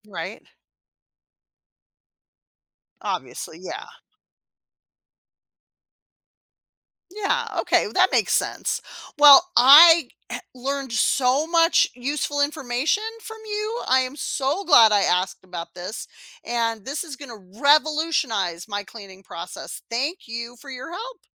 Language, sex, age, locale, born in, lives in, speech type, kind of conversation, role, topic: English, female, 50-54, en-US, United States, United States, read, expert-assistant, asker, What makes soap effective at cleaning?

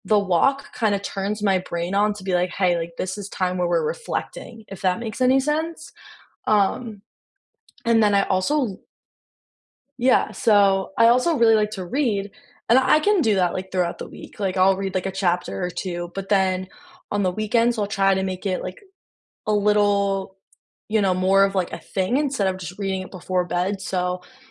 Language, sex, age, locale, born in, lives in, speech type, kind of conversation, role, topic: English, female, 20-24, en-US, United States, United States, conversation, unstructured, none, Which weekend rituals leave you feeling recharged, and how do they set you up for the week?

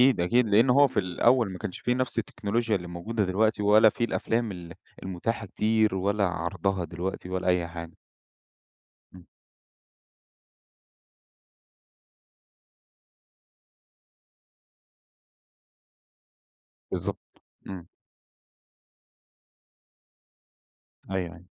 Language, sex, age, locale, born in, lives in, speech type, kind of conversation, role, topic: Arabic, male, 45-49, ar-EG, Egypt, Egypt, conversation, podcast, host, إيه اللي بيخليك تتعلق بشخصية في فيلم؟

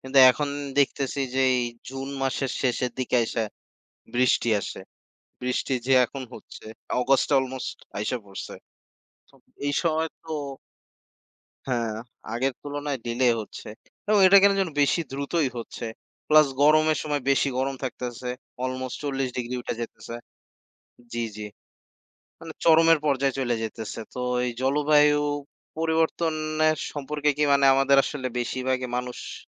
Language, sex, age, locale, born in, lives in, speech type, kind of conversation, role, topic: Bengali, male, 20-24, bn-BD, Bangladesh, Bangladesh, conversation, unstructured, none, জলবায়ু পরিবর্তন সম্পর্কে সাধারণ মানুষের সচেতনতা কতটা?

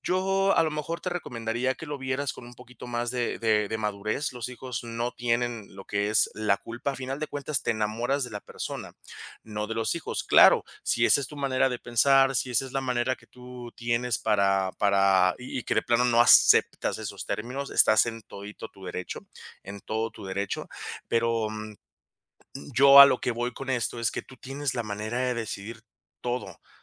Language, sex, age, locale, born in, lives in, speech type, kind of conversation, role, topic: Spanish, male, 30-34, es-MX, Mexico, Mexico, conversation, advice, advisor, ¿Cómo puedo manejar la presión de casarme a cierta edad?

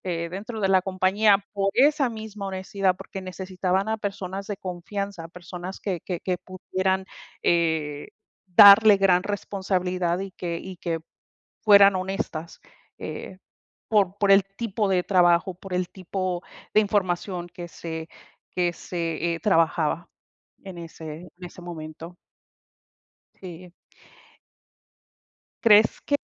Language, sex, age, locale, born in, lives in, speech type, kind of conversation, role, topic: Spanish, female, 45-49, es-MX, United States, United States, conversation, unstructured, none, ¿Qué significa para ti ser una persona honesta?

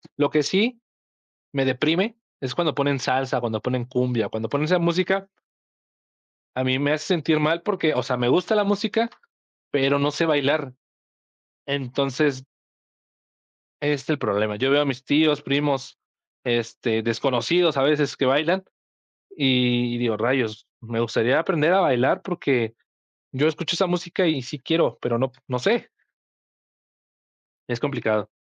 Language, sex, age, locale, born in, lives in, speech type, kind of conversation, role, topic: Spanish, male, 20-24, es-MX, Mexico, Mexico, conversation, podcast, guest, ¿Qué música te ayuda a procesar emociones difíciles?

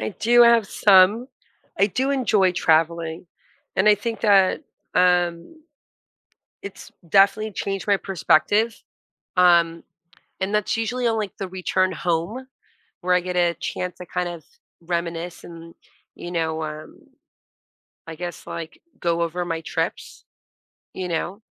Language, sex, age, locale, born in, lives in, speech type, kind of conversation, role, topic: English, female, 40-44, en-US, United States, United States, conversation, podcast, guest, In what ways can travel broaden your understanding of the world and yourself?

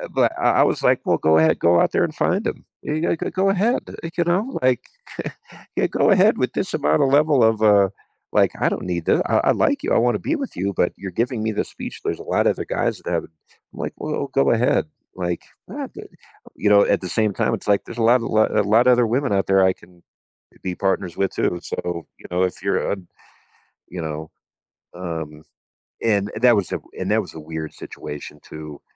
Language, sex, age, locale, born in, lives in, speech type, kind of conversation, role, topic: English, male, 45-49, en-US, United States, United States, conversation, advice, advisor, How can I cope with loneliness and start rebuilding my social life after a breakup or loss?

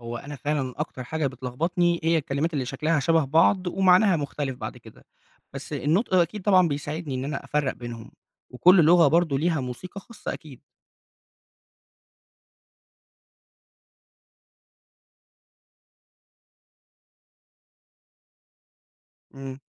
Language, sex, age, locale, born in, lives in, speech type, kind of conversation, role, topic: Arabic, male, 20-24, ar-EG, Egypt, Egypt, read, expert-assistant, asker, هل تعلم لغتين قريبين من بعض زي الإيطالي والإسباني بيسهّل عليك تعلم لغات تانية؟ إيه مميزات وصعوبات المقارنة بين اللغات القريبة؟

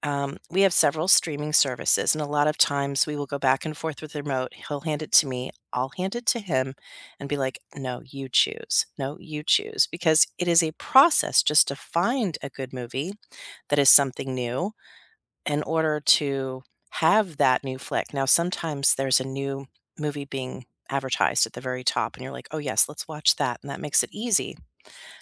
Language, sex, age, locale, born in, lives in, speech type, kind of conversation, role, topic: English, female, 50-54, en-US, United States, United States, conversation, unstructured, none, When you need a pick-me-up, should you rewatch comfort movies or try something new?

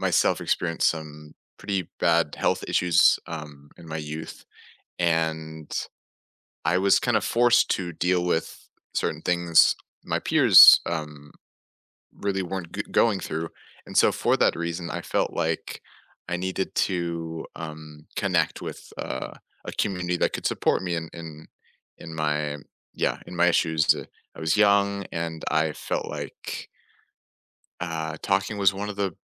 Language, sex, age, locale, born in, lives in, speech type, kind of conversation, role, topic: English, male, 20-24, en-US, United States, United States, conversation, unstructured, none, What role does talking about loss play in healing?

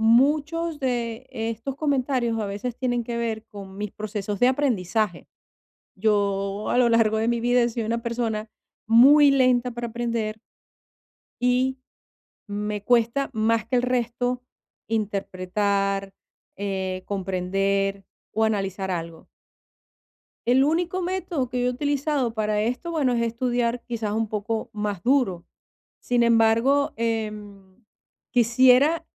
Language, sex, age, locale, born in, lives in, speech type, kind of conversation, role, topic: Spanish, female, 50-54, es-VE, Venezuela, Portugal, conversation, advice, user, ¿Cómo puedo recibir comentarios negativos y convertirlos en aprendizaje para mejorar en el futuro?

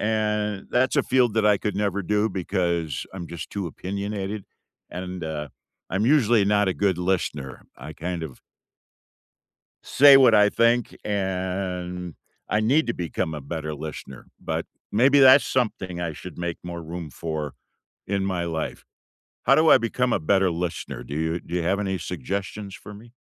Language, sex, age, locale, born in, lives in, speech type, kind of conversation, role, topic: English, male, 65-69, en-US, United States, United States, conversation, unstructured, none, What would you like to make more room for in your life over the next few years?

